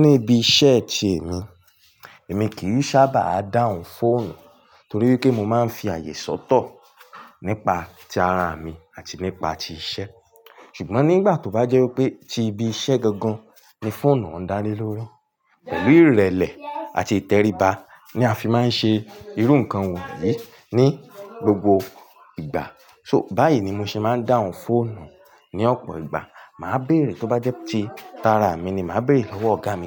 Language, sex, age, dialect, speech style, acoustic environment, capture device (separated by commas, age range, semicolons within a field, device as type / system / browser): Yoruba, male, 18-24, Nigeria - Ibadan, relaxed; focused, people talking, mobile / Linux / Chrome